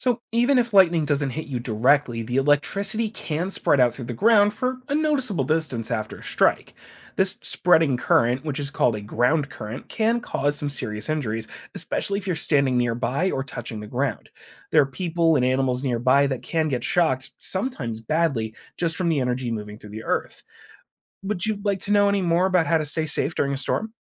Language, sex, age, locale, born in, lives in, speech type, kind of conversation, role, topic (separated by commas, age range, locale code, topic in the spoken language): English, male, 25-29, en-US, United States, United States, read, expert-assistant, expert, What causes thunder and lightning during storms?